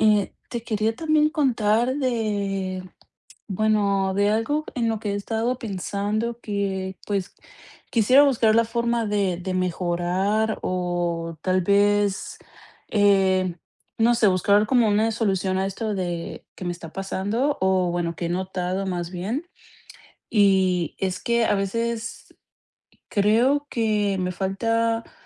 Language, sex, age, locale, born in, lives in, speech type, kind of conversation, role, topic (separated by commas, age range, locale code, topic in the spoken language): Spanish, female, 25-29, es-CO, Colombia, United States, conversation, advice, user, ¿Cómo puedo dar retroalimentación sin que la otra persona se ponga a la defensiva?